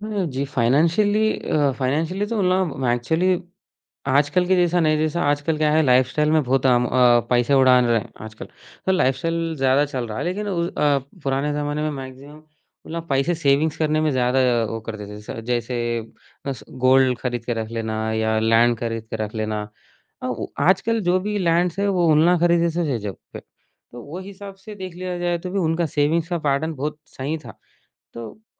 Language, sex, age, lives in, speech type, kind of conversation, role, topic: Hindi, male, 20-24, India, conversation, podcast, guest, Pichhli generation ke values aaj tumhari zindagi mein kaise nazar aate hain?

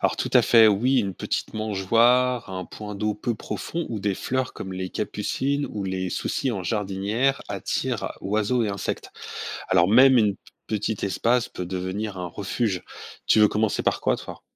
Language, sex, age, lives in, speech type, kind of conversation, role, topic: French, male, 45-49, France, read, expert-assistant, expert, De quelles manières simples pourrions-nous aider les espèces locales à s’adapter à la ville ?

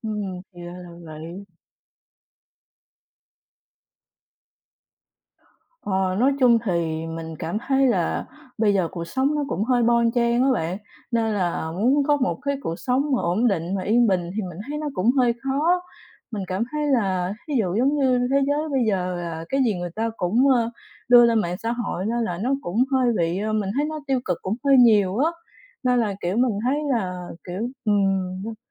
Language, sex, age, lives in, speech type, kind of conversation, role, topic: Vietnamese, female, 30-34, Vietnam, conversation, unstructured, none, Ước mơ lớn nhất của bạn là gì?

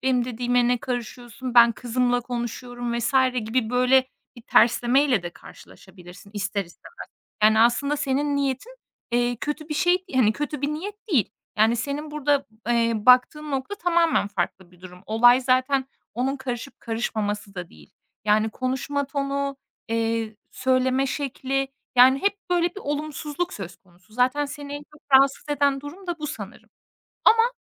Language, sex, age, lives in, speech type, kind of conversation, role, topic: Turkish, female, 25-29, Estonia, conversation, advice, advisor, Kayınvalideniz aile kararlarınıza ne ölçüde karışıyor ve bu size nasıl hissettiriyor?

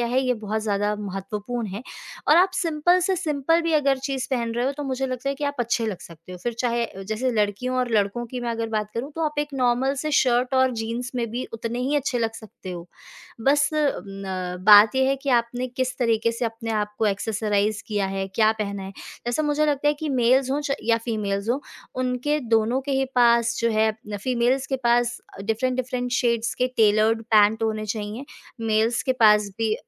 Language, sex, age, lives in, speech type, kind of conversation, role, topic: Hindi, female, 25-29, India, conversation, podcast, guest, बजट में रहते हुए आप अच्छा लुक कैसे बना सकते हैं?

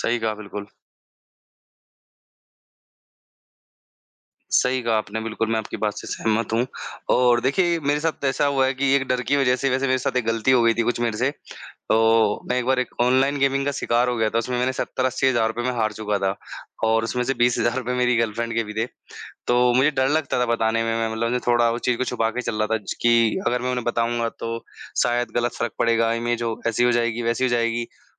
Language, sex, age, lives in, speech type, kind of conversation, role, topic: Hindi, male, 25-29, India, conversation, unstructured, none, क्या रिश्ते में गलतफहमियाँ बढ़ने पर आपको डर लगता है?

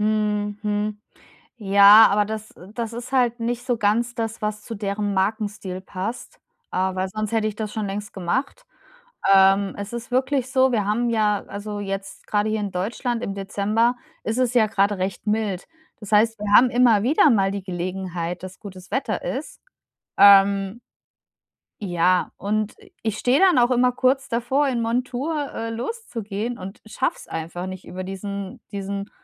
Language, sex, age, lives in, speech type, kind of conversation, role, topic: German, female, 35-39, Germany, conversation, advice, user, Wie finde ich wieder die Motivation, ein altes kreatives Projekt endlich abzuschließen?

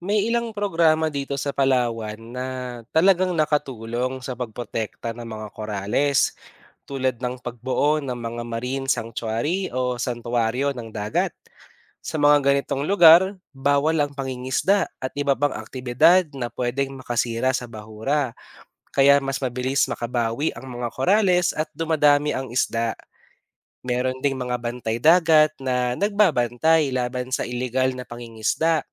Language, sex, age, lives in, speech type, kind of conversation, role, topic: Filipino, male, 25-29, Philippines, read, expert-assistant, expert, Paano natin mapoprotektahan at mapapangalagaan ang mga bahura ng korales sa komunidad?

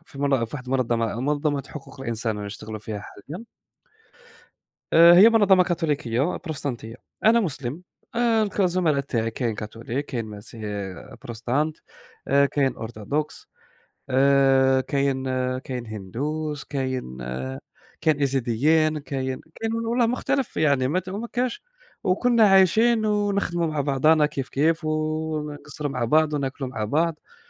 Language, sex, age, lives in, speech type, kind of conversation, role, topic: Arabic, male, 20-24, Germany, conversation, unstructured, none, إزاي الدين يقدر يقرّب الناس من بعض بدل ما يفرّقهم؟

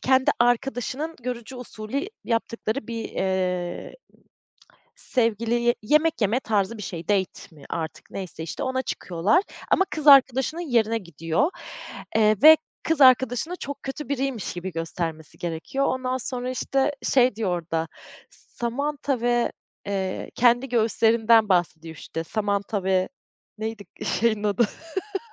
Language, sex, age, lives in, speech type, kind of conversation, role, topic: Turkish, female, 30-34, Germany, conversation, podcast, guest, İzlediğin bir şey hayata bakışını değiştirdi mi?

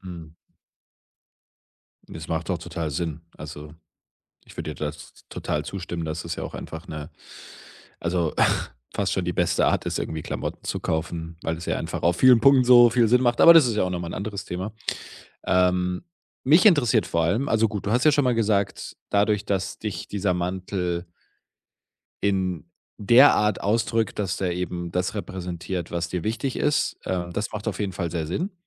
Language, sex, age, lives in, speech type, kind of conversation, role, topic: German, male, 25-29, Germany, conversation, podcast, host, Gibt es ein Kleidungsstück, das deine Persönlichkeit widerspiegelt?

